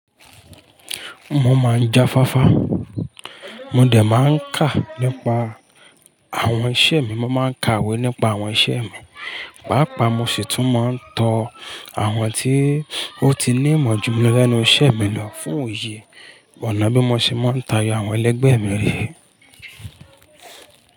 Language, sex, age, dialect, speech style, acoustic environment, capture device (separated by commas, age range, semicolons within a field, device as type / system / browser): Yoruba, male, 25-34, Nigeria - Ibadan, focused, people talking, mobile / Linux / Chrome